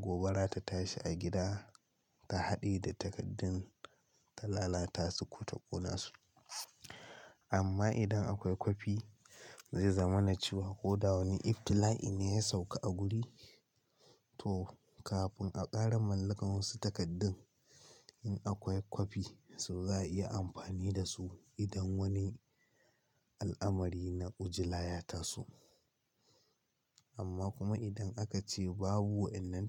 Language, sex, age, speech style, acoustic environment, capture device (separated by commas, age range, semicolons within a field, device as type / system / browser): Hausa, male, 18-24, relaxed, silence, mobile / Linux / Chrome